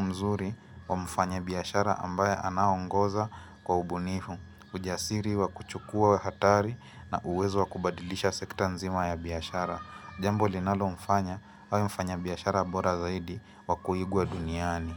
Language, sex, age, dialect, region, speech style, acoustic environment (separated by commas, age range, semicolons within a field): Swahili, female, 25-34, Kenya - Mombasa, Kenya, relaxed, appliances